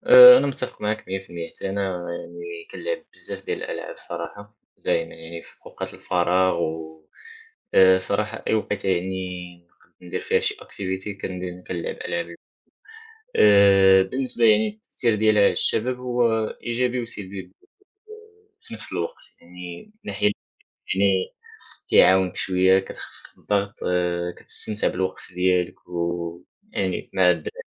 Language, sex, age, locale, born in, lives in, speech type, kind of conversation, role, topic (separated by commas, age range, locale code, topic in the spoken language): Arabic, male, 18-19, ar-MA, Morocco, France, conversation, unstructured, none, إيه رأيك في تأثير الألعاب الإلكترونية على الشباب؟